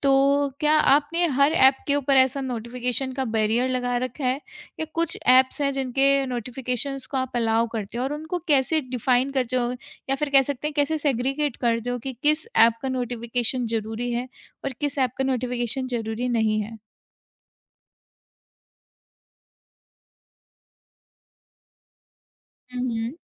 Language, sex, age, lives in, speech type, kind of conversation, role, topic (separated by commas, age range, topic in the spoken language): Hindi, female, 25-29, India, conversation, podcast, host, Notifications baar-baar aane par tum apna routine kaise manage karte ho?